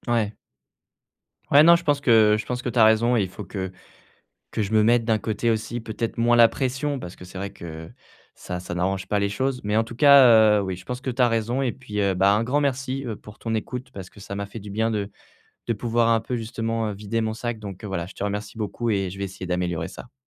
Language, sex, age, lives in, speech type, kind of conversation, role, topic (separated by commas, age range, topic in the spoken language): French, male, 25-29, France, conversation, advice, user, Comment définir mon propre succès sans me comparer aux autres ni subir les attentes sociales ?